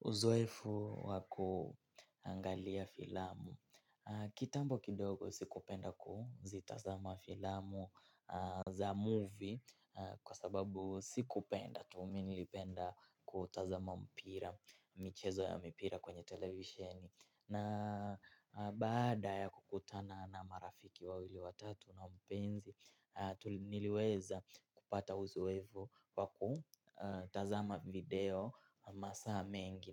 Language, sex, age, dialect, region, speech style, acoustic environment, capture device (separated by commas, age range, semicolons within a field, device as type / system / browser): Swahili, male, 18-24, Kenya - Mombasa, Kenya, focused; excited; happy; relaxed, silence, mobile / Linux / Chrome